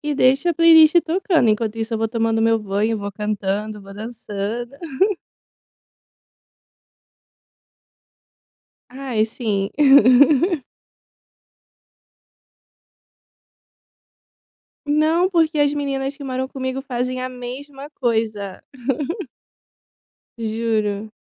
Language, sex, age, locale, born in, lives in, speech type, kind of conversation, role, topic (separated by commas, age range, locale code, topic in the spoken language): Portuguese, female, 25-29, pt-BR, Brazil, Italy, conversation, podcast, guest, O que te fez começar a ouvir outros estilos musicais?